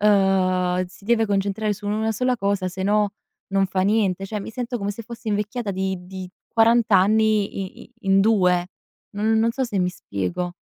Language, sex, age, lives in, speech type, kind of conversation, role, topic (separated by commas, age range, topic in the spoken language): Italian, female, 20-24, Italy, conversation, advice, user, Come posso gestire il senso di colpa per non essere più produttivo come prima?